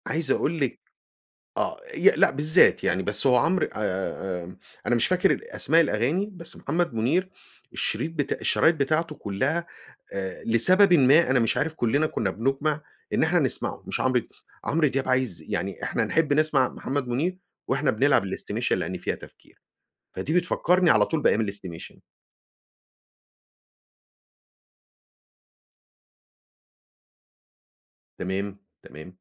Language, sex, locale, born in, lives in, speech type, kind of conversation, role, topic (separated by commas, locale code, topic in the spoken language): Arabic, male, ar-EG, Egypt, United States, conversation, podcast, guest, هل فيه أغنية أول ما بتسمعها بتودّيك على طول لمكان معيّن؟